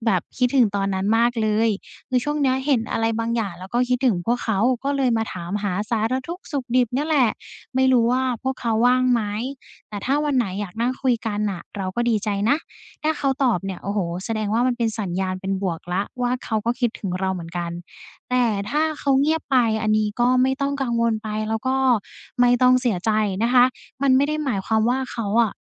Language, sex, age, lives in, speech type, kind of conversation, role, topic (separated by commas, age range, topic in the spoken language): Thai, female, 20-24, Thailand, conversation, advice, advisor, ควรเริ่มคุยกับเพื่อนที่เคยสนิทแต่ค่อยๆ ห่างเหินกัน หรือปล่อยให้เป็นแบบนี้ต่อไปดี?